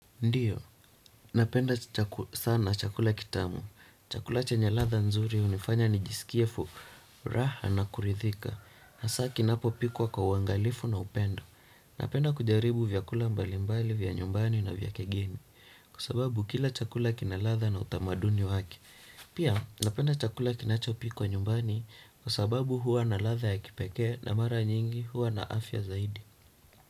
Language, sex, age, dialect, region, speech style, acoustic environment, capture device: Swahili, male, 18-24, Kenya - Nairobi Sheng-influenced, Kenya, relaxed; focused, silence, desktop / Windows / Chrome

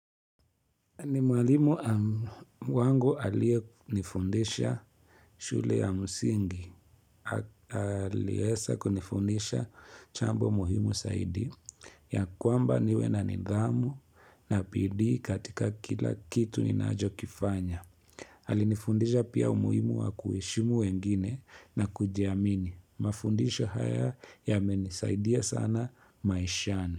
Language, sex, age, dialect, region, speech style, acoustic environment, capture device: Swahili, male, 25-34, Kenya - Nairobi Sheng-influenced, Kenya, happy; focused; relaxed, people talking; appliances; silence, mobile / Linux / Chrome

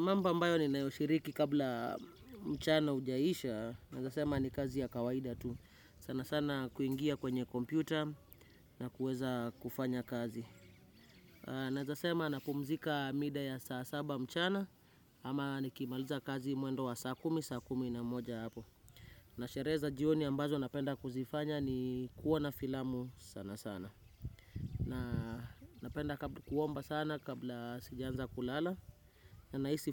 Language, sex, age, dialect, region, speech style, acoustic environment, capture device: Swahili, male, 25-34, Kenya - Nairobi Sheng-influenced, Kenya, relaxed; focused, nature, desktop / Windows / Chrome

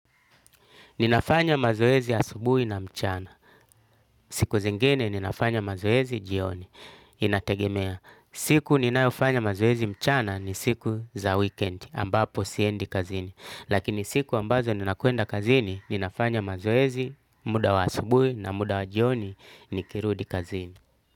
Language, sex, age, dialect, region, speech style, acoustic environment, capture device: Swahili, male, 35-44, Tanzania - Dar es Salaam, Tanzania, happy, nature, desktop / Linux / Chrome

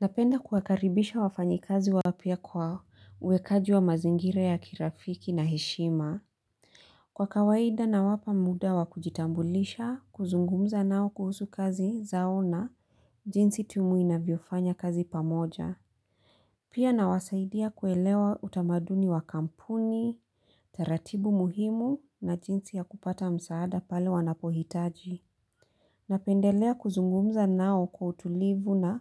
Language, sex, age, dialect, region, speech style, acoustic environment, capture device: Swahili, female, 35-44, Kenya - Mombasa, Kenya, happy; focused, silence, mobile / Linux / Chrome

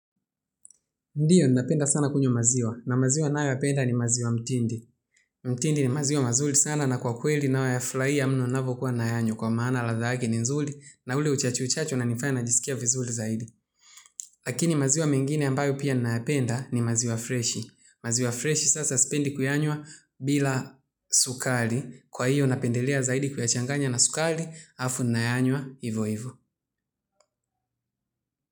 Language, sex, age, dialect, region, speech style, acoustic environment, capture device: Swahili, male, 25-34, Tanzania - Dar es Salaam, Tanzania, focused, silence, mobile / Linux / Chrome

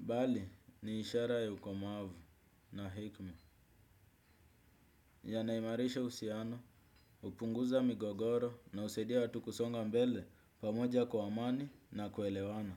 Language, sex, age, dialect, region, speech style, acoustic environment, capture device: Swahili, male, 18-24, Kenya - Nairobi Sheng-influenced, Kenya, happy, music, desktop / Windows / Chrome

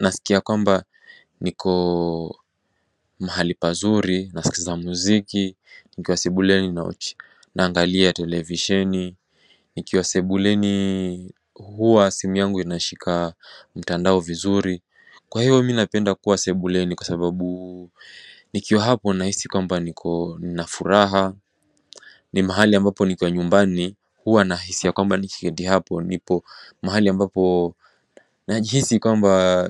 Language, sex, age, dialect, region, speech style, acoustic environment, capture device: Swahili, male, 18-24, Kenya - Mombasa, Kenya, relaxed, silence, mobile / macOS / Safari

